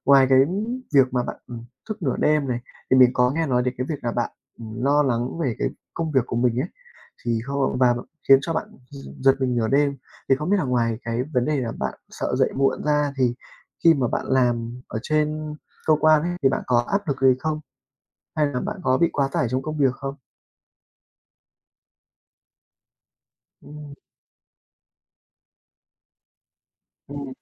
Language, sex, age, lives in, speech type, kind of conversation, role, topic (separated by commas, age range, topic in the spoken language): Vietnamese, male, 20-24, Vietnam, conversation, advice, advisor, Vì sao tôi mệt suốt ngày dù ban đêm ngủ đủ giờ?